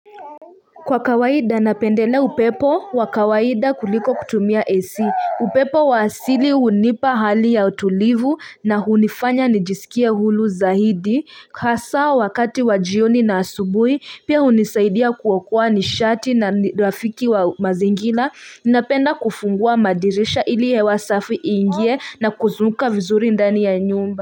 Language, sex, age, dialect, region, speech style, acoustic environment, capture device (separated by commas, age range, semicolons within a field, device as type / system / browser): Swahili, female, 25-34, Kenya - Nairobi Sheng-influenced, Kenya, nervous, music; people talking, mobile / Linux / Chrome